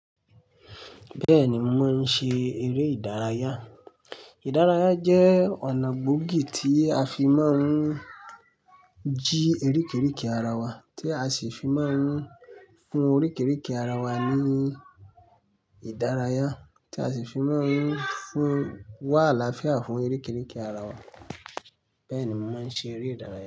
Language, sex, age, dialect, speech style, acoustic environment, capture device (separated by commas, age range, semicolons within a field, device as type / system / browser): Yoruba, male, 25-34, Nigeria - Ibadan, happy, silence, mobile / Linux / Chrome